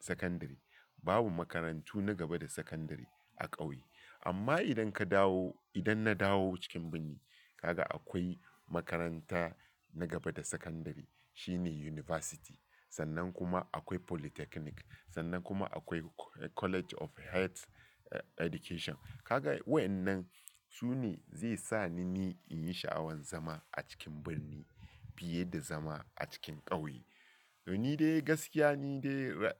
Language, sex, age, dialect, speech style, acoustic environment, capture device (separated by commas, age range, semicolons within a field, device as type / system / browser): Hausa, male, 35-44, Nigeria - Lagos Yoruba, focused, nature, mobile / Linux / Chrome